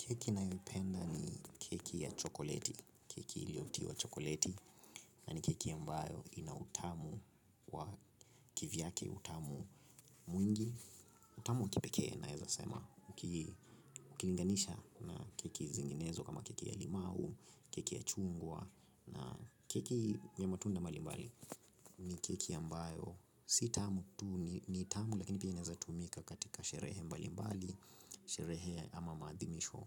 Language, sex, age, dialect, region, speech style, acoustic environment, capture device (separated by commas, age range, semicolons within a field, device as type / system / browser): Swahili, male, 25-34, Kenya - Nairobi Sheng-influenced, Kenya, relaxed, construction, mobile / Linux / Chrome